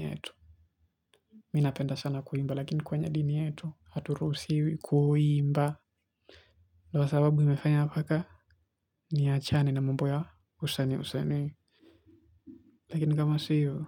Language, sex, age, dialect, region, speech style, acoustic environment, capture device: Swahili, male, 18-24, Kenya - Mombasa, Kenya, focused, silence, mobile / Linux / Chrome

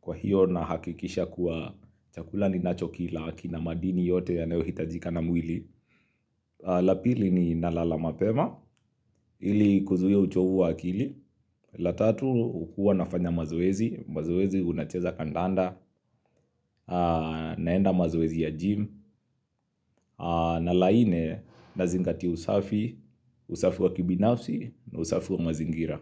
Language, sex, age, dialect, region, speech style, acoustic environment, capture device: Swahili, male, 25-34, Kenya - Nairobi Sheng-influenced, Kenya, relaxed; excited; happy; focused, nature; silence; appliances, mobile / Linux / Chrome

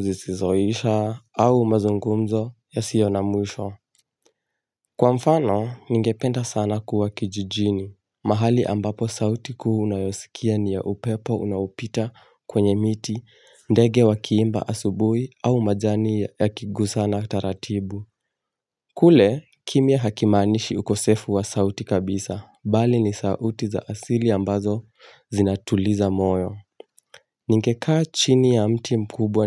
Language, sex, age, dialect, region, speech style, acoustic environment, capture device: Swahili, male, 18-24, Kenya - Mombasa, Kenya, excited, nature; silence, mobile / Linux / Chrome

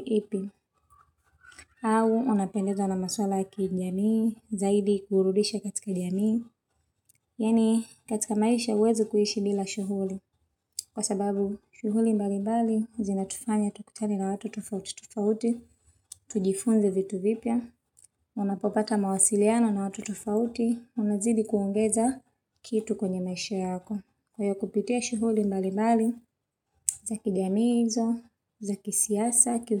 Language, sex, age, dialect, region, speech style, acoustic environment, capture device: Swahili, female, 25-34, Tanzania - Dar es Salaam, Tanzania, relaxed, silence, mobile / Linux / Chrome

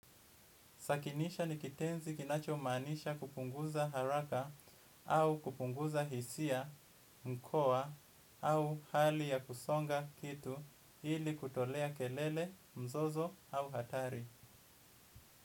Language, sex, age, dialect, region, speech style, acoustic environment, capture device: Swahili, male, 25-34, Kenya - Mombasa, Kenya, anxious; relaxed; excited, silence, desktop / Windows / Edge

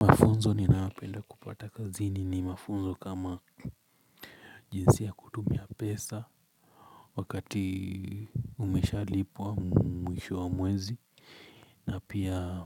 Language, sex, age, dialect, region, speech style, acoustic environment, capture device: Swahili, male, 25-34, Kenya - Nairobi Sheng-influenced, Kenya, relaxed, silence, mobile / Linux / Chrome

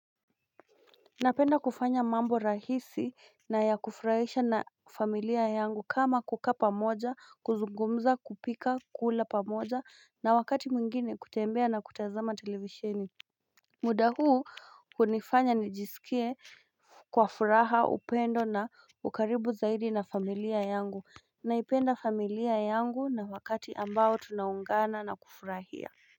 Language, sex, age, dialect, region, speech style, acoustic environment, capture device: Swahili, female, 25-34, Kenya - Nairobi Sheng-influenced, Kenya, relaxed; tired, nature, mobile / Linux / Chrome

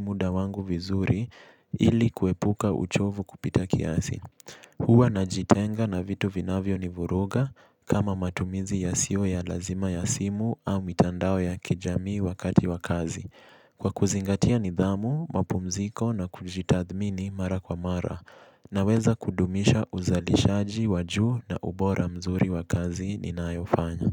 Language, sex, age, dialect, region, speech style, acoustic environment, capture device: Swahili, male, 18-24, Kenya - Mombasa, Kenya, relaxed; focused, silence; appliances, mobile / Linux / Chrome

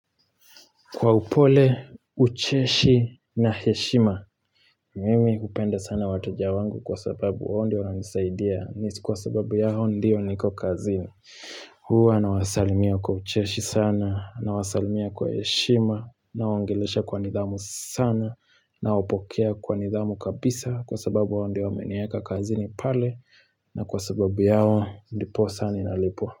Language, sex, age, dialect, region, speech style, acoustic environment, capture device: Swahili, male, 25-34, Kenya - Nairobi Sheng-influenced, Kenya, happy, nature, mobile / Linux / Chrome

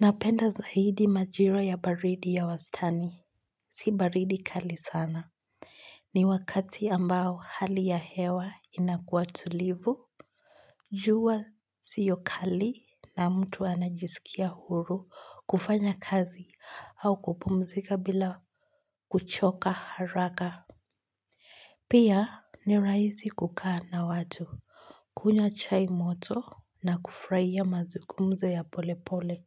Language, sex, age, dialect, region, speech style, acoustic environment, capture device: Swahili, female, 18-24, Kenya - Nairobi Sheng-influenced, Kenya, happy; relaxed, silence, mobile / Linux / Chrome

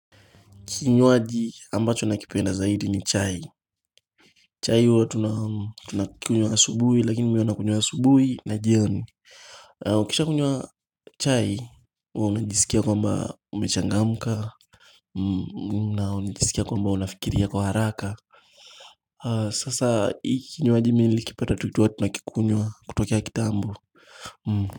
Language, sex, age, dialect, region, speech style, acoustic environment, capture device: Swahili, male, 18-24, Kenya - Mombasa, Kenya, happy; anxious, music; nature, mobile / Linux / Chrome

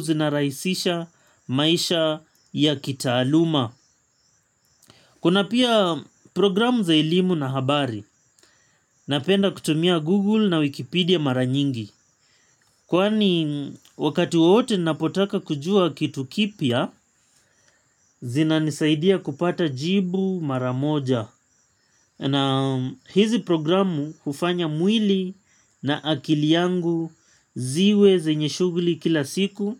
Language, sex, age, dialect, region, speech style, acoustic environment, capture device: Swahili, male, 25-34, Kenya - Mombasa, Kenya, relaxed, nature; appliances, mobile / Linux / Chrome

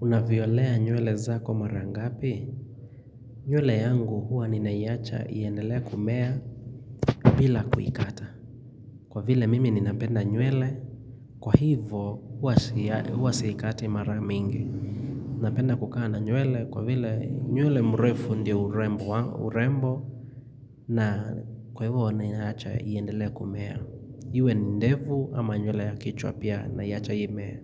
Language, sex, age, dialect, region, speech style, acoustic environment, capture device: Swahili, male, 25-34, Kenya - Nairobi Sheng-influenced, Kenya, relaxed, silence, mobile / Linux / Chrome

